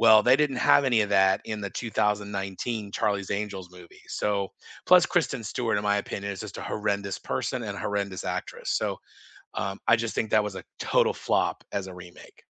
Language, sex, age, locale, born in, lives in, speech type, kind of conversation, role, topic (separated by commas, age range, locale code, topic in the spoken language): English, male, 50-54, en-US, United States, United States, conversation, unstructured, none, When do remakes or updates actually improve a classic for you?